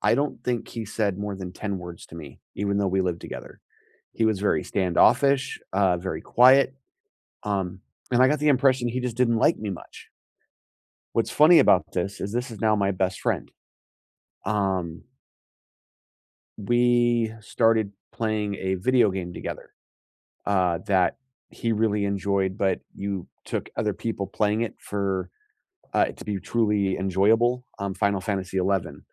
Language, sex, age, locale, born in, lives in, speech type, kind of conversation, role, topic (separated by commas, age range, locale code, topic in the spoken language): English, male, 40-44, en-US, United States, United States, conversation, unstructured, none, What helps you stay truly connected with friends through moves, milestones, and changing priorities?